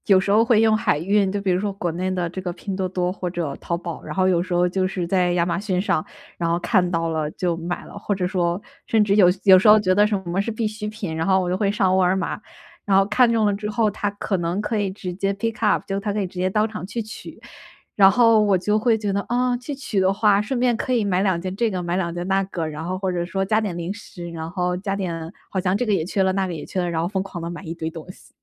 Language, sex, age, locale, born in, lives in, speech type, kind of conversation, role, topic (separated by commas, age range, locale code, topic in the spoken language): Chinese, female, 25-29, zh-CN, China, United States, conversation, advice, user, 我怎样才能控制购物冲动并减少不必要的花费？